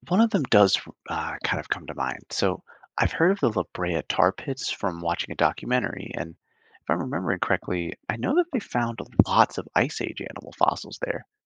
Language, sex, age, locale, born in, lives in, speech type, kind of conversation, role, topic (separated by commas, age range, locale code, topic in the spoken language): English, male, 25-29, en-US, Canada, United States, read, expert-assistant, asker, How do fossils form, and what can they tell us about Earth’s past?